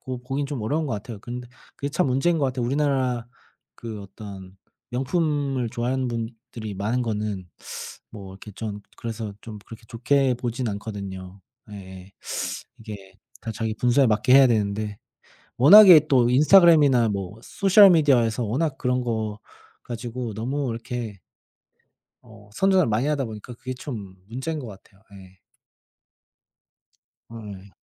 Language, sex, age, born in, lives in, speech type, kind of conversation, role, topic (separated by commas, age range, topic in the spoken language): Korean, male, 30-34, South Korea, Germany, conversation, unstructured, none, 카드 빚을 갚는 일이 왜 이렇게 어려울까요?